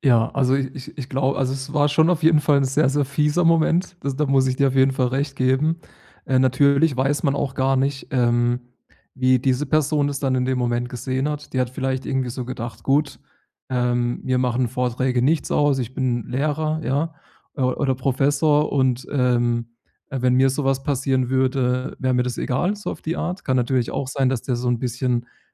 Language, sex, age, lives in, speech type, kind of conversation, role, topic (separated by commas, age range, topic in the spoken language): German, male, 30-34, Germany, conversation, advice, advisor, Wie kann ich meine Nervosität und mein Lampenfieber vor einem Vortrag reduzieren?